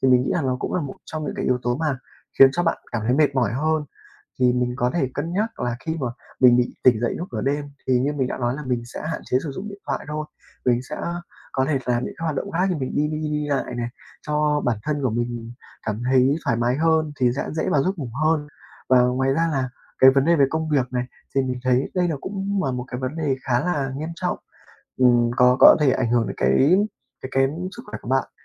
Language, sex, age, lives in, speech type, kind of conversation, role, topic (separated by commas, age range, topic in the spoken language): Vietnamese, male, 20-24, Vietnam, conversation, advice, advisor, Vì sao tôi mệt suốt ngày dù ban đêm ngủ đủ giờ?